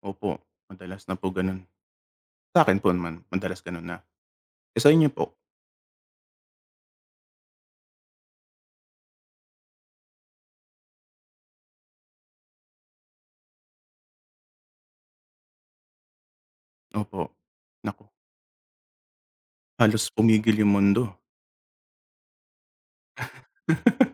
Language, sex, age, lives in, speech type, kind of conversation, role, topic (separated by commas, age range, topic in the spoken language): Filipino, male, 35-39, United States, conversation, unstructured, none, Paano nakaaapekto ang teknolohiya sa ating edukasyon?